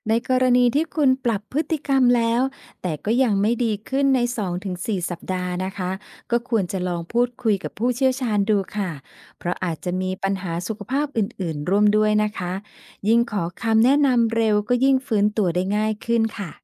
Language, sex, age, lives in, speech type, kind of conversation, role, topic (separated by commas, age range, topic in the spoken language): Thai, female, 50-54, Thailand, read, expert-assistant, expert, ความเครียดทำให้ร่างกายเปลี่ยนไปยังไง และมีวิธีฟื้นฟูตัวเองหลังช่วงเครียดไหม?